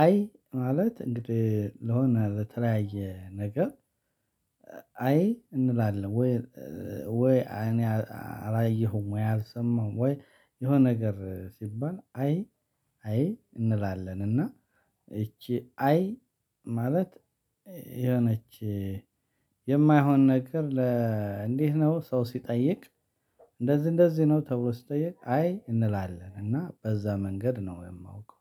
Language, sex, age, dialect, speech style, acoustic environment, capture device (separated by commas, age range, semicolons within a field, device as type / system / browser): Amharic, male, 35-44, Ethiopia - Addis Ababa, excited, silence, mobile / Linux / Chrome